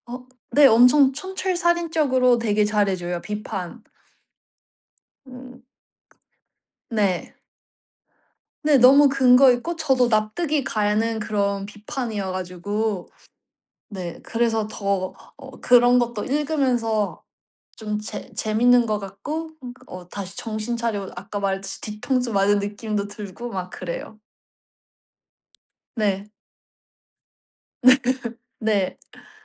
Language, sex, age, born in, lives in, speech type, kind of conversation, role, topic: Korean, female, 35-39, South Korea, United States, conversation, podcast, guest, 요즘 스마트폰을 사용하는 습관에 대해 이야기해 주실 수 있나요?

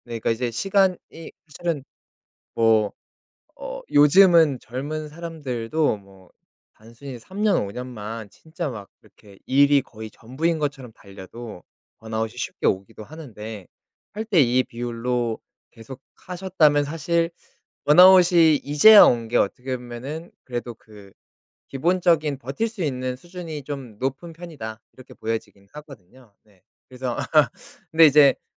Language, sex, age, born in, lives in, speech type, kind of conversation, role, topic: Korean, male, 30-34, South Korea, South Korea, conversation, podcast, host, 일과 삶의 균형을 실제로 어떻게 맞추세요?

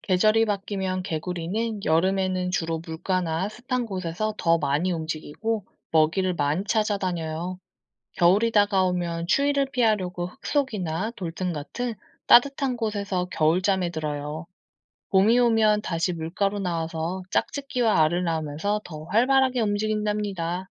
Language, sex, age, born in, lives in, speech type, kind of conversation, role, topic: Korean, female, 35-39, South Korea, South Korea, read, expert-assistant, expert, 왜 개구리는 올챙이에서 자라나요?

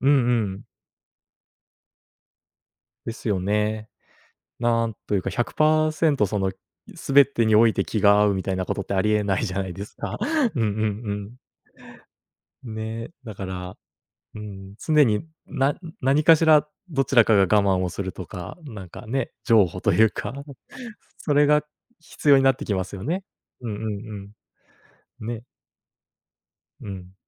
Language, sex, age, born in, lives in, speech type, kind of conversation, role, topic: Japanese, male, 30-34, Japan, Japan, conversation, advice, user, 結婚するか独身でいるか、どちらを選ぶべきか悩んでいますか？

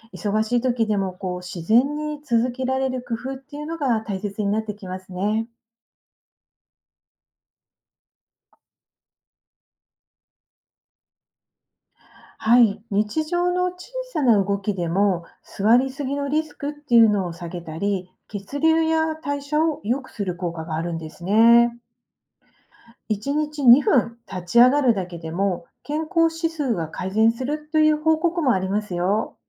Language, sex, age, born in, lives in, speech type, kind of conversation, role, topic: Japanese, female, 50-54, Japan, Japan, read, expert-assistant, expert, 仕事が忙しいとき、どうやってスポーツを続けられますか？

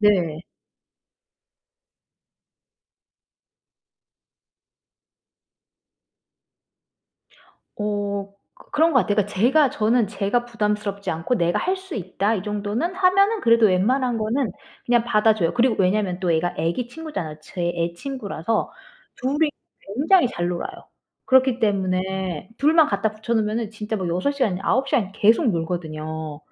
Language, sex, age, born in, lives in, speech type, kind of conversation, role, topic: Korean, female, 40-44, United States, United States, conversation, podcast, guest, 언제 아니라고 말하기가 가장 어려우신가요?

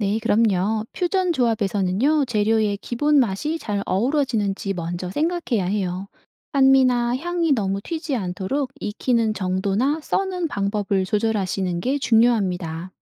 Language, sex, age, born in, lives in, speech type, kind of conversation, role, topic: Korean, female, 55-59, South Korea, South Korea, read, expert-assistant, expert, 만약 앞으로 평생 단 세 가지 재료만 가지고 요리해야 한다면, 어떤 재료를 선택하시고, 그 재료로 어떤 창의적인 요리를 만들 수 있을까요?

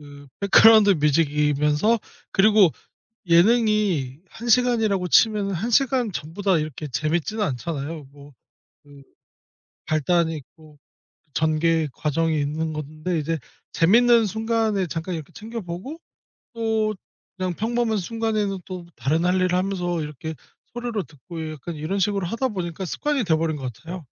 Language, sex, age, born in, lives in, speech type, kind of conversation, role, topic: Korean, male, 30-34, South Korea, South Korea, conversation, podcast, guest, 재탕해서 계속 다시 보는 작품이 있다면, 그 이유는 무엇인가요?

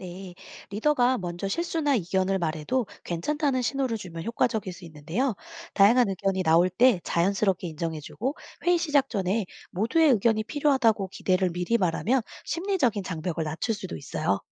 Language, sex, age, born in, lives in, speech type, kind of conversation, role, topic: Korean, female, 40-44, South Korea, United States, read, expert-assistant, expert, 회의에서 모두가 고개를 끄덕였는데, 실제로는 다르게 이해하고 있을 때 왜 이런 일이 생길까요?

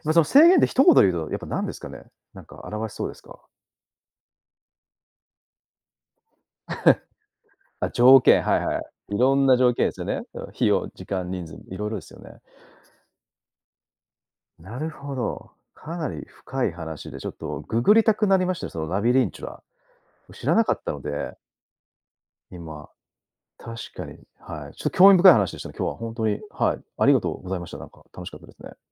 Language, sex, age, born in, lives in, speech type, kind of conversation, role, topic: Japanese, male, 35-39, Japan, Japan, conversation, podcast, host, 実験に制約を設けて進めることについて、どう思いますか？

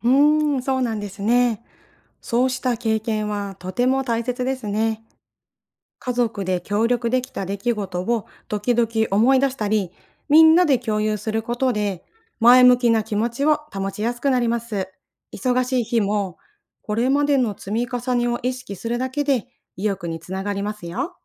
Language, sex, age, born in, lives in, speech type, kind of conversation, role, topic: Japanese, female, 40-44, Japan, Japan, read, expert-assistant, expert, 家族みんなで続けやすいエコ活動のコツは何ですか？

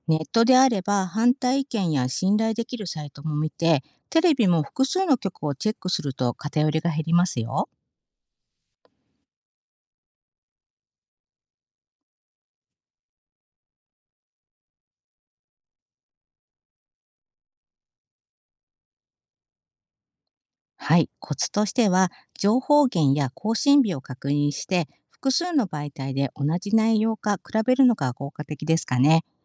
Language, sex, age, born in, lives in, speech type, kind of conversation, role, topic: Japanese, female, 50-54, Japan, Japan, read, expert-assistant, expert, テレビやネットで同じ話題を見ても、印象が全然違うことがありますが、どうしてそんなに伝え方が変わるのでしょうか？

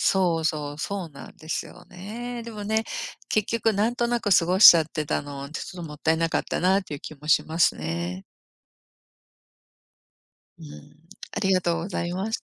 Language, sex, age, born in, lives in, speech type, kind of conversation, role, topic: Japanese, female, 55-59, Japan, United States, conversation, unstructured, none, 子どものころの夏休みは、どのように過ごしましたか？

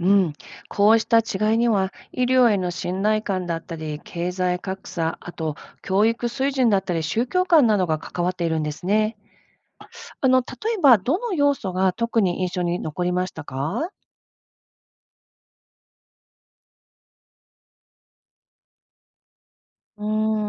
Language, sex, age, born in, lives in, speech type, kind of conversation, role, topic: Japanese, female, 50-54, Japan, United States, read, expert-assistant, expert, 国や地域によって予防医療の習慣や考え方が異なるのはなぜでしょうか？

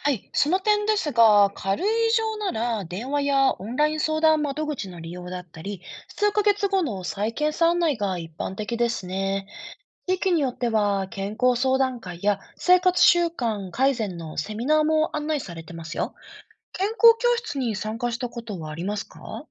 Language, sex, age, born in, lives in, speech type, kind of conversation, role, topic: Japanese, female, 35-39, Japan, Japan, read, expert-assistant, expert, もし健康診断が全員に無料で提供されたら、社会はどう変わると思いますか？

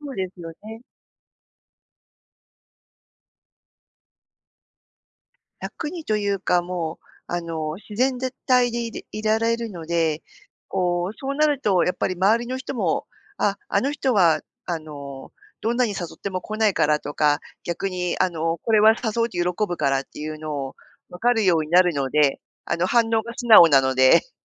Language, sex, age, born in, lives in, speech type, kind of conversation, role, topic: Japanese, female, 50-54, Japan, Japan, conversation, podcast, guest, 他人の意見に振り回されないためには、どうすればよいですか？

